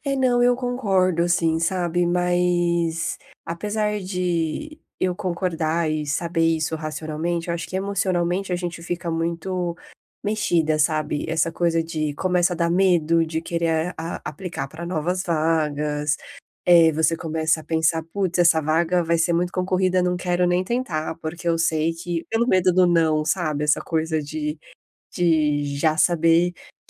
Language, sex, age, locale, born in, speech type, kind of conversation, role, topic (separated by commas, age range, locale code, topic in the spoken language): Portuguese, female, 30-34, pt-BR, Brazil, conversation, advice, user, Como posso lidar com a sensação de ter perdido oportunidades e com as dúvidas sobre o meu valor?